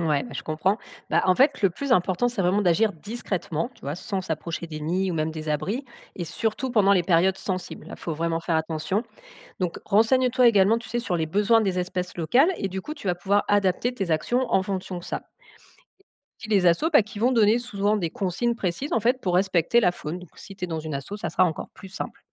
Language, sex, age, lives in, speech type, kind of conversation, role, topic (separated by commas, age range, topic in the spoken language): French, female, 35-39, France, read, expert-assistant, expert, Si je veux aider la faune sauvage près de chez moi, par où commencer pour organiser des actions efficaces?